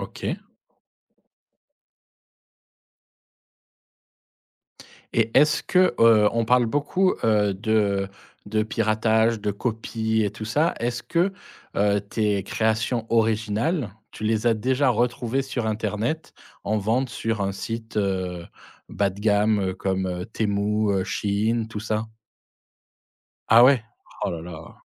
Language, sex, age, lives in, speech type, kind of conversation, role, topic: French, male, 40-44, France, conversation, podcast, host, Peux-tu raconter un moment où une erreur t’a poussé à avancer de façon créative ?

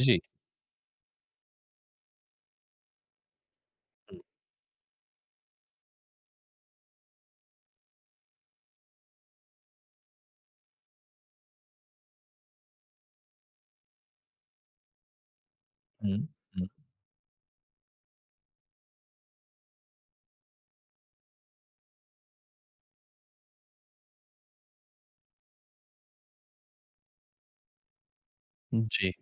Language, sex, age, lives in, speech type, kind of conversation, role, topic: Hindi, male, 30-34, India, conversation, advice, advisor, चिंता कम करने के लिए मैं नियंत्रण पर ध्यान कैसे केंद्रित कर सकता/सकती हूँ?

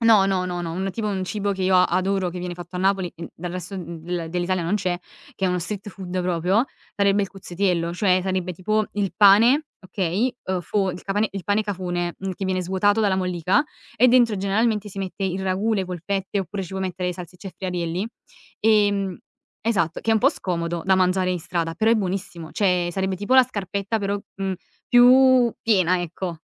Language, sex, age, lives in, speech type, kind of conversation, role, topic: Italian, female, 20-24, Italy, conversation, podcast, guest, Qual è un cibo di strada che non dimenticherai mai?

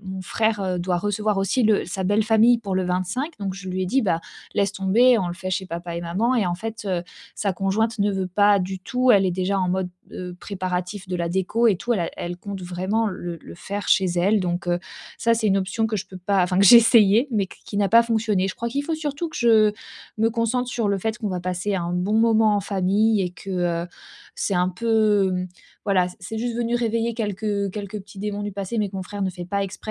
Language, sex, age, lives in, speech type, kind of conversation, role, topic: French, female, 30-34, France, conversation, advice, user, Comment gérer les conflits et les attentes pendant les célébrations ?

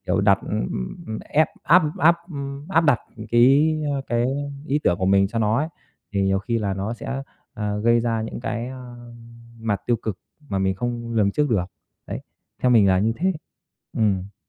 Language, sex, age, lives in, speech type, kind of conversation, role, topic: Vietnamese, male, 30-34, Vietnam, conversation, unstructured, none, Bạn cảm thấy thế nào khi gia đình đặt quá nhiều kỳ vọng lên bạn?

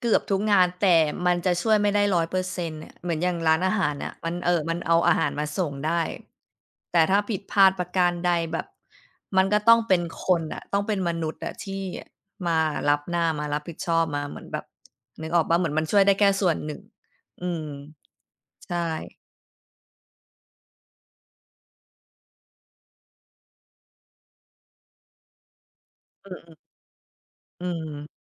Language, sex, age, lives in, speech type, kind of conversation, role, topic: Thai, female, 35-39, United States, conversation, unstructured, none, คุณรู้สึกอย่างไรกับการที่หุ่นยนต์จะเข้ามาแทนที่งานของคน?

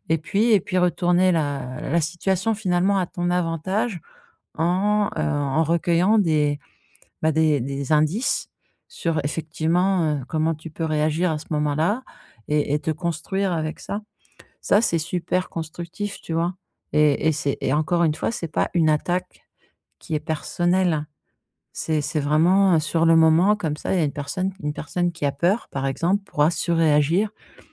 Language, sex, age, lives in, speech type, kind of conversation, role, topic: French, female, 50-54, France, conversation, advice, advisor, Comment protéger ma santé mentale face aux critiques négatives ?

